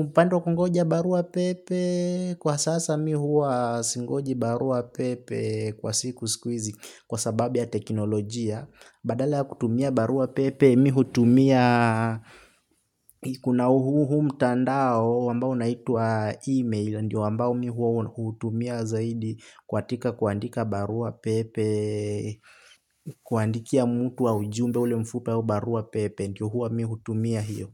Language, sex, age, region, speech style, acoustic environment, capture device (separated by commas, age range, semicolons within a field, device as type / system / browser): Swahili, male, 18-24, Kenya, happy; relaxed; nervous, silence, desktop / Linux / Chrome